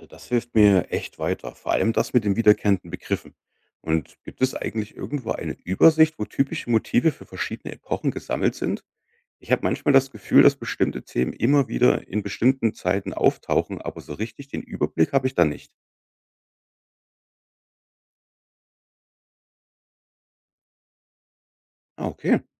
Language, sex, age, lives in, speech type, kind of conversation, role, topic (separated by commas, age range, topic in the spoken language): German, male, 35-39, Germany, read, expert-assistant, asker, Wie verschafft man sich schnell einen Überblick über die wichtigsten Werke und Motive der klassischen Literatur?